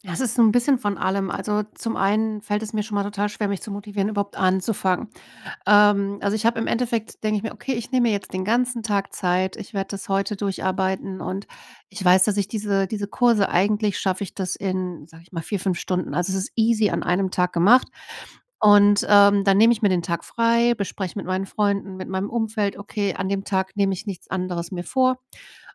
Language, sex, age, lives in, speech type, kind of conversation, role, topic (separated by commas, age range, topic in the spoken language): German, female, 40-44, Germany, conversation, advice, user, Wie kann ich länger fokussiert bleiben, wenn ich in Intervallen arbeite?